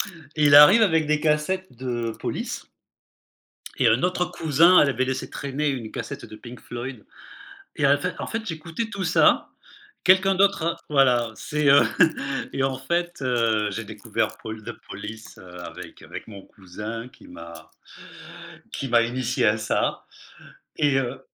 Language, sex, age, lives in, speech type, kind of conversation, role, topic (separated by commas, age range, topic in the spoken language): French, male, 55-59, Spain, conversation, podcast, guest, Comment ton entourage a-t-il fait évoluer tes goûts musicaux ?